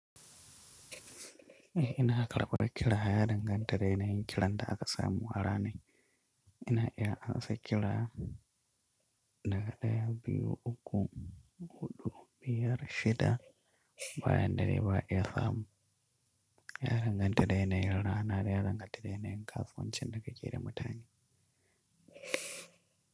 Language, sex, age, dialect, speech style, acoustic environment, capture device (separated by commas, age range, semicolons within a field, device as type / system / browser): Hausa, male, 25-34, Nigeria - Katsina, focused, construction, mobile / Linux / Chrome